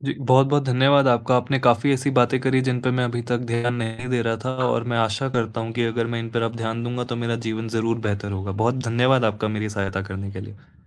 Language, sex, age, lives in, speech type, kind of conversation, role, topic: Hindi, male, 18-19, India, conversation, advice, user, दूसरों की राय के साथ मैं अपने स्टाइल और पसंद को कैसे संतुलित करूँ?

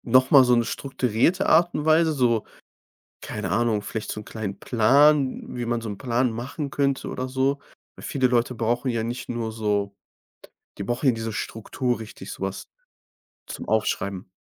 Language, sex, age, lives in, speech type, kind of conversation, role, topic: German, male, 25-29, Germany, conversation, podcast, host, Wie schafft man eine faire Arbeitsteilung im Haushalt?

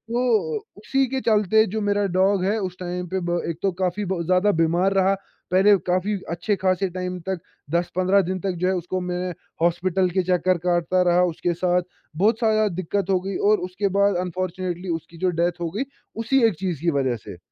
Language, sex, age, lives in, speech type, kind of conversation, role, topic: Hindi, male, 20-24, India, conversation, podcast, guest, Plastic pollution ko kam karne ke liye tumhare kya ideas hain?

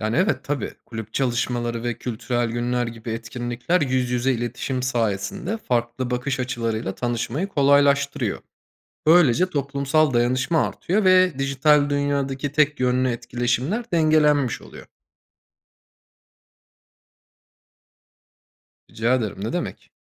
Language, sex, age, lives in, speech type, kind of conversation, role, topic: Turkish, male, 30-34, Germany, read, expert-assistant, expert, Eğer herkes eşit maaş alsaydı, toplumda sosyal eşitsizlik nasıl bir değişim geçirirdi?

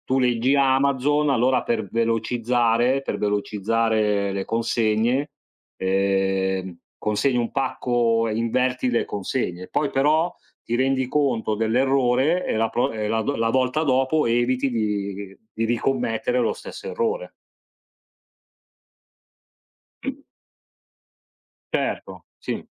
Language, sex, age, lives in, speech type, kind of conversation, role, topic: Italian, male, 40-44, Italy, conversation, unstructured, none, Come possiamo lavorare insieme per risolvere un problema?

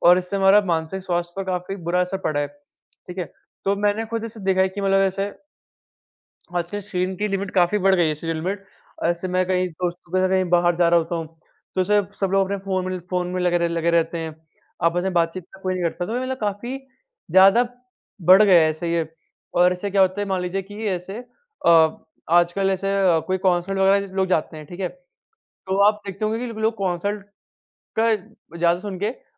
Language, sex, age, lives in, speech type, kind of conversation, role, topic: Hindi, male, 45-49, India, conversation, unstructured, none, क्या अधिक स्क्रीन समय हमारे मानसिक स्वास्थ्य पर बुरा असर डालता है?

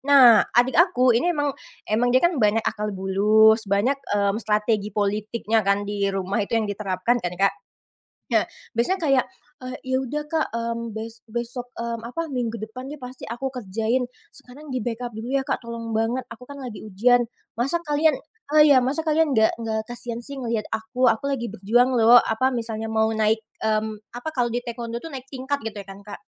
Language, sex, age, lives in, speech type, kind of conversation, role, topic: Indonesian, female, 25-29, Indonesia, conversation, podcast, guest, Gimana pembagian kerja beres-beres di rumahmu?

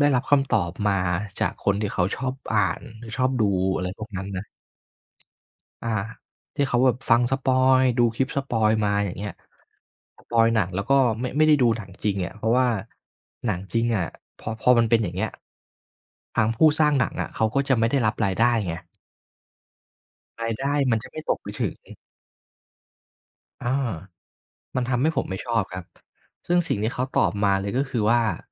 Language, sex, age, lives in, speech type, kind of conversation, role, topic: Thai, male, 25-29, Thailand, conversation, podcast, guest, สื่อสังคมออนไลน์ส่งผลต่อการดูภาพยนตร์หรือซีรีส์อย่างไรบ้าง?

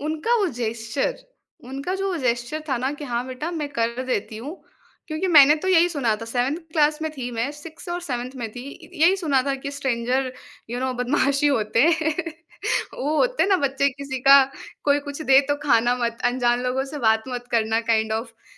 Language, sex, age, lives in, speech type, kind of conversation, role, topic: Hindi, female, 20-24, India, conversation, podcast, guest, Kya kabhi kisi anjaan insaan ki chhoti si meherbaani ka aapki zindagi par bahut gehra asar pada hai?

